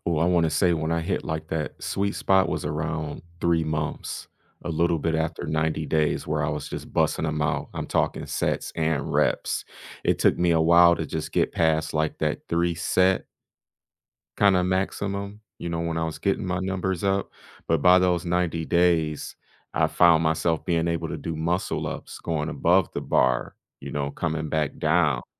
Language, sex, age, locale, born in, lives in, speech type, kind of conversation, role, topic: English, male, 35-39, en-US, United States, United States, conversation, unstructured, none, What hobby taught you patience, and how did it change you?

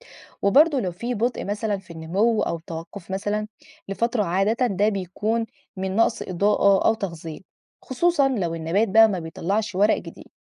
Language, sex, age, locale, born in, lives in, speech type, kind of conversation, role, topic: Arabic, female, 20-24, ar-EG, Egypt, Egypt, read, expert-assistant, expert, ليه في ناس بتقول إن النباتات الداخلية بتحتاج ضوء شمس مباشر، وناس تانية بتقول العكس؟ إيه الحقيقة وإزاي أفرق بين أنواع النباتات اللي فعلاً محتاجة شمس واللي لأ؟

